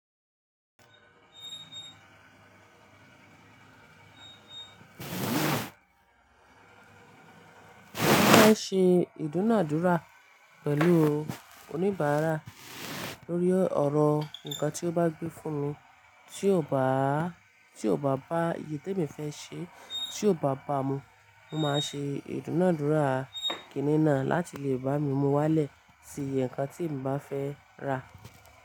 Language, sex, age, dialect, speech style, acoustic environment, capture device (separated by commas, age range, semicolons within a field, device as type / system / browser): Yoruba, female, 25-34, Nigeria - Ibadan, happy, appliances, mobile / Linux / Chrome